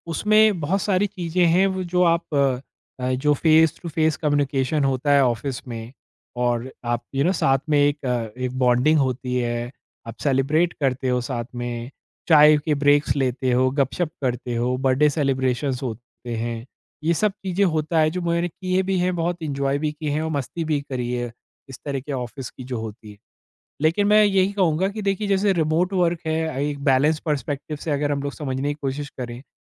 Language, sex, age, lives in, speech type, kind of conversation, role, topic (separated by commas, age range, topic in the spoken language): Hindi, male, 40-44, India, conversation, podcast, guest, Remote work ne office culture ko kaise badal diya hai, tum kya sochte ho?